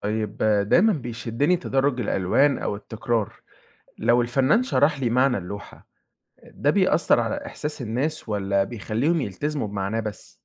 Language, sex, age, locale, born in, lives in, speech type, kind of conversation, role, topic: Arabic, male, 20-24, ar-EG, Egypt, Egypt, read, expert-assistant, asker, إزاي أقدر أطور نظرتي الفنية وأفهم الأعمال الفنية بشكل أعمق، حتى لو مش فنان محترف؟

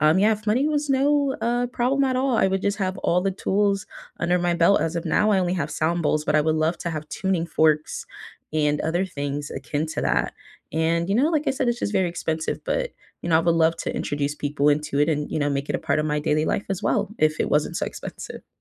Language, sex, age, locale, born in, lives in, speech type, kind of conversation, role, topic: English, female, 20-24, en-US, United States, United States, conversation, unstructured, none, If time and money were no object, what dream skill would you pursue—and why?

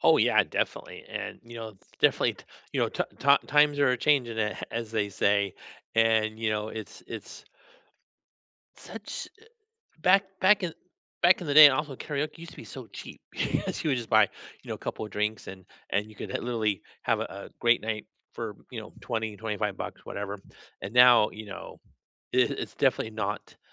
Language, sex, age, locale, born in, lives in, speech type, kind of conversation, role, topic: English, male, 50-54, en-US, United States, United States, conversation, unstructured, none, Which karaoke songs are your go-to guaranteed hits, and what memories or reasons make them your favorites?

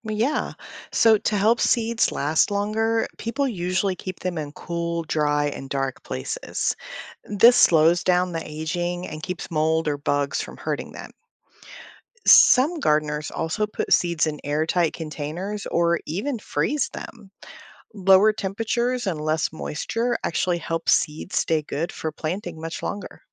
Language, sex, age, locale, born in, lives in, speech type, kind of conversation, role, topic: English, female, 45-49, en-US, United States, United States, read, expert-assistant, expert, How do seeds know when to start growing?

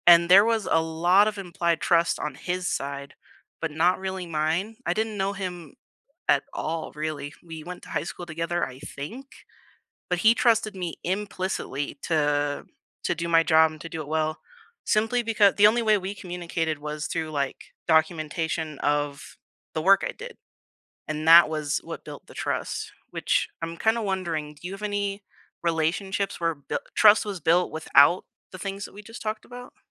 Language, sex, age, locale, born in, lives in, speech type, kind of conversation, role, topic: English, female, 20-24, en-US, United States, United States, conversation, unstructured, none, What builds trust for you in any relationship?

